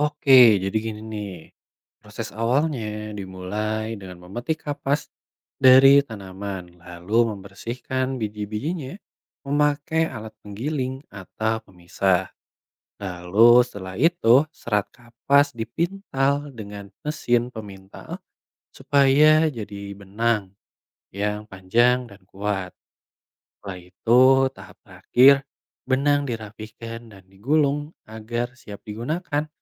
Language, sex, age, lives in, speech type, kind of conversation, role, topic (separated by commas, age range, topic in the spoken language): Indonesian, male, 25-29, Indonesia, read, expert-assistant, expert, Bagaimana proses pembuatan benang dari kapas?